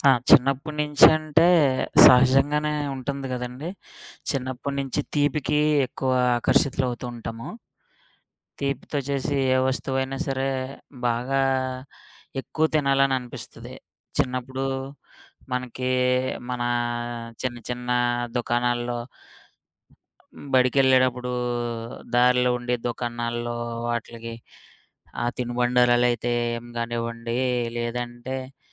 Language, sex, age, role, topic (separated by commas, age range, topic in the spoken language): Telugu, male, 30-34, guest, మీ చిన్నప్పటిలో మీకు అత్యంత ఇష్టమైన ఆహారం ఏది?